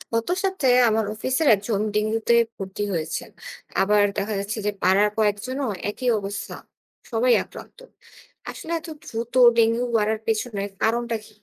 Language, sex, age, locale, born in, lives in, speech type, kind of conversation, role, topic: Bengali, female, 25-29, bn-BD, Bangladesh, Bangladesh, read, expert-assistant, asker, আমাদের শহরে হঠাৎ করে ডেঙ্গুর প্রকোপ বেড়ে গেছে—এর পেছনে কী কী কারণ থাকতে পারে, এবং এই পরিস্থিতিতে কী কী ব্যবস্থা নেওয়া উচিত?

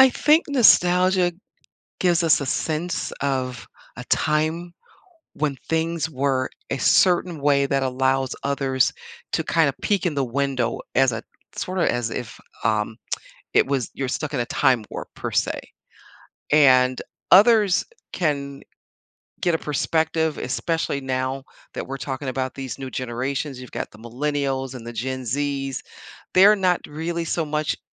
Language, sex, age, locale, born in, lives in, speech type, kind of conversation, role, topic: English, female, 60-64, en-US, United States, United States, conversation, podcast, guest, Why do familiar memories and past experiences have such a strong impact on what we enjoy in entertainment?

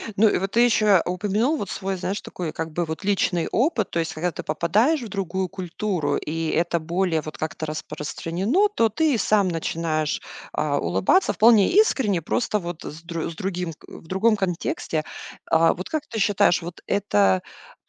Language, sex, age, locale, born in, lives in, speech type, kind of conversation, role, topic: Russian, female, 40-44, ru-RU, Russia, United States, conversation, podcast, host, Как отличить искреннюю улыбку от вежливой?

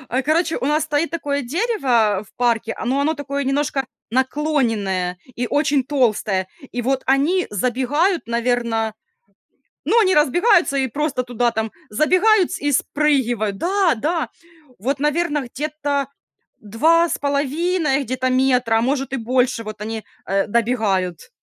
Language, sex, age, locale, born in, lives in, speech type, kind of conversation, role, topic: Russian, female, 30-34, ru-RU, Ukraine, Spain, conversation, unstructured, none, Какой образ жизни лучше подходит активным собакам: в городе или за городом?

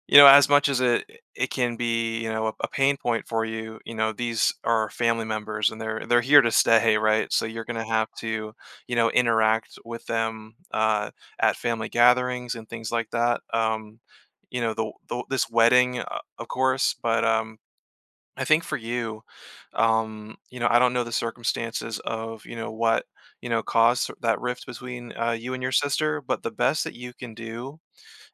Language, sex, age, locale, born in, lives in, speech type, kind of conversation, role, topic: English, male, 30-34, en-US, Germany, United States, conversation, advice, advisor, How can I manage tension at a family gathering?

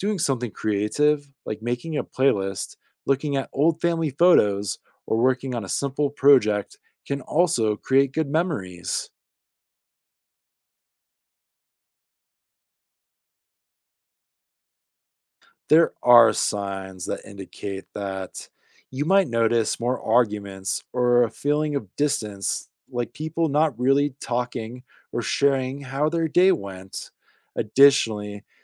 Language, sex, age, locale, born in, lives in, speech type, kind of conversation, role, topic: English, male, 30-34, en-US, United States, United States, read, expert-assistant, expert, Why is it important to spend time with family?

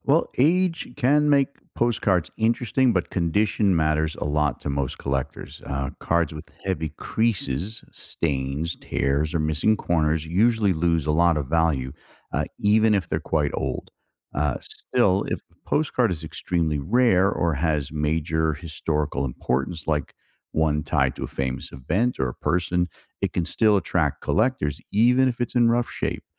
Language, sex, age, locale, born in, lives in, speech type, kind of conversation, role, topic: English, male, 55-59, en-US, United States, United States, read, expert-assistant, expert, What makes something collectible, and how do collectors decide what to focus on?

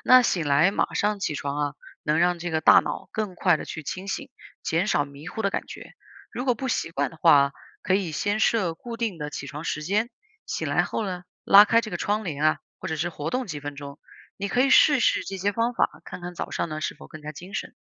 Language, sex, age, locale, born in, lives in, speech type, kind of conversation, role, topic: Chinese, female, 30-34, zh-CN, China, United States, read, expert-assistant, expert, 晚上早睡和早上多睡一会儿，哪种更有助于精神充沛？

